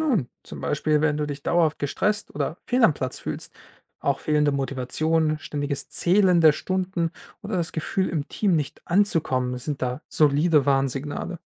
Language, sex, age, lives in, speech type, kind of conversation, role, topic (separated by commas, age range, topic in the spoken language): German, male, 25-29, Germany, read, expert-assistant, expert, Wie finde ich heraus, welche medizinische Fachrichtung zu mir passt?